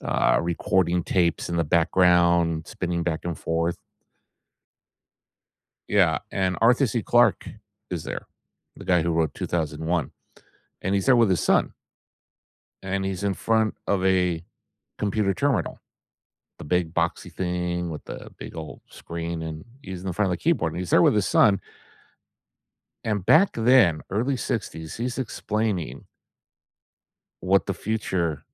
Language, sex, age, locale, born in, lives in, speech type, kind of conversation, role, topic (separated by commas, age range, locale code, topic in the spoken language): English, male, 55-59, en-US, United States, United States, conversation, unstructured, none, What future phone or laptop feature would actually make your everyday life easier and strengthen your connections?